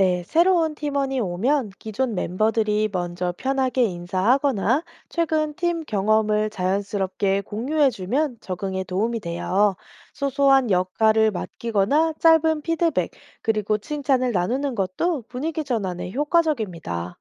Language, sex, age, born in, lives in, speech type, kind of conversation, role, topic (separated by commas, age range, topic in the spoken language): Korean, female, 30-34, South Korea, South Korea, read, expert-assistant, expert, 공연 준비할 때 꼭 챙겨야 하는 일정과 우선순위는 어떻게 정하면 좋을까요?